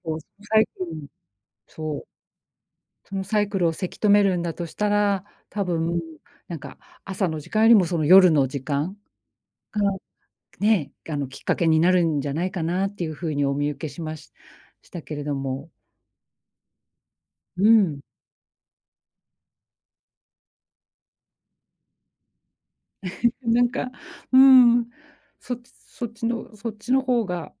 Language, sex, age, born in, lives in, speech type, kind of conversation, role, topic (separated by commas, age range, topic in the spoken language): Japanese, female, 45-49, Japan, Japan, conversation, advice, advisor, 休日に長時間寝てしまって夜に眠れなくなるのをどうすれば防げますか？